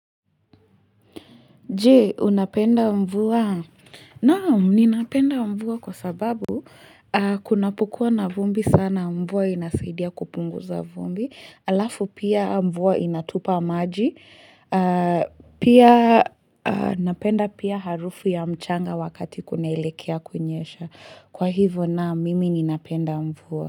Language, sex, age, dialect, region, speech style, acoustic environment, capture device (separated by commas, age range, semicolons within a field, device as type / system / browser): Swahili, female, 18-24, Kenya - Nairobi Sheng-influenced, Kenya, relaxed, silence, mobile / Linux / Chrome